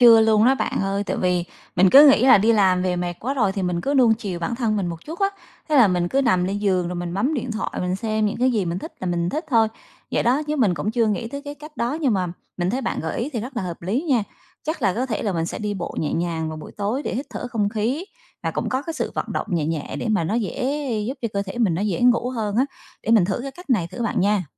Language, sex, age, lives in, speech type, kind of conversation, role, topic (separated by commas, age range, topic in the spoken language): Vietnamese, female, 35-39, Vietnam, conversation, advice, user, Tôi không thể thiếp ngủ vì suy nghĩ cứ chạy trong đầu suốt đêm, tôi nên làm gì?